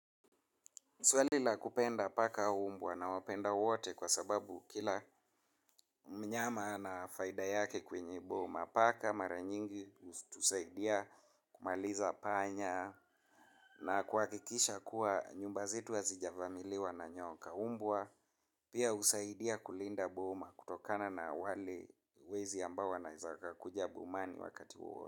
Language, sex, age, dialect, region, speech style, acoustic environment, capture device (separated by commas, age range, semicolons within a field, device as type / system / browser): Swahili, male, 25-34, Kenya - Nairobi Sheng-influenced, Kenya, happy, traffic, mobile / Linux / Chrome